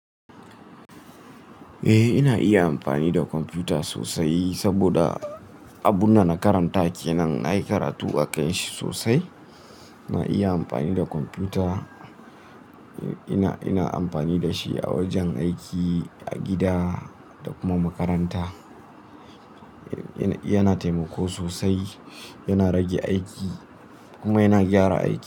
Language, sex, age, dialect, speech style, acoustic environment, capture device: Hausa, male, 18-24, Nigeria - Nigerian Standard, relaxed, nature; appliances, mobile / Linux / Chrome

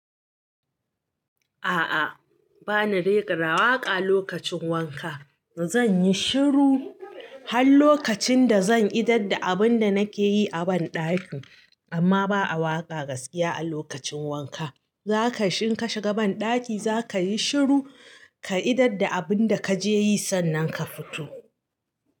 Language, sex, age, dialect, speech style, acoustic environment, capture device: Hausa, female, 35-44, Nigeria - Katsina, happy; anxious; nervous; excited; focused, silence; nature; appliances, mobile / Linux / Chrome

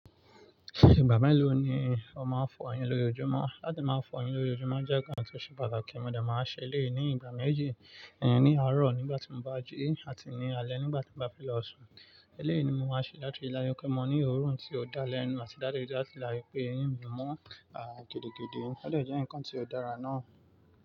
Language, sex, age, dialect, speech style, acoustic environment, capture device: Yoruba, male, 25-34, Nigeria - Nigerian Standard, relaxed, nature, mobile / Linux / Chrome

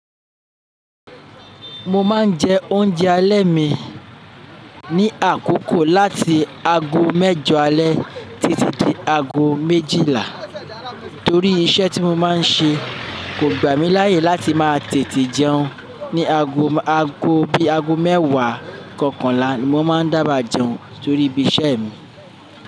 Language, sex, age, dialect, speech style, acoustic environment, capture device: Yoruba, male, 35-44, Nigeria - Lagos Yoruba, anxious, people talking, desktop / Linux / Chrome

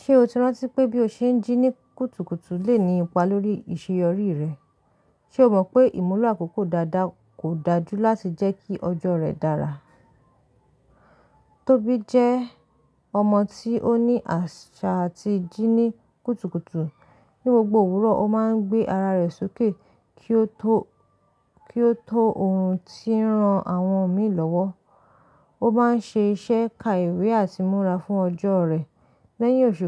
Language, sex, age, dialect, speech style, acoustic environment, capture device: Yoruba, female, 25-34, Nigeria - Lagos Yoruba, relaxed, nature, mobile / Linux / Chrome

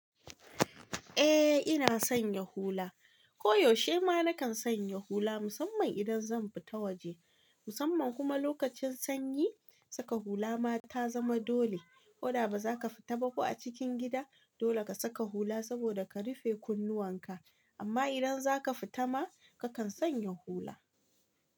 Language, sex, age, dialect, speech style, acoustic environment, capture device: Hausa, female, 25-34, Nigeria - Kano, relaxed, silence, mobile / Linux / Chrome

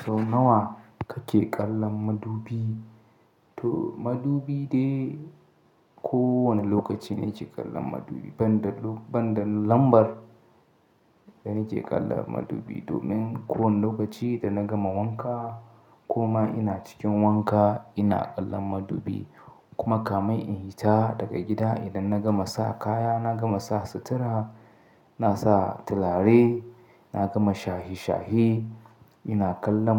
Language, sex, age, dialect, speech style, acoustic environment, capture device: Hausa, male, 25-34, Nigeria - Katsina, excited; happy, silence, mobile / Linux / Chrome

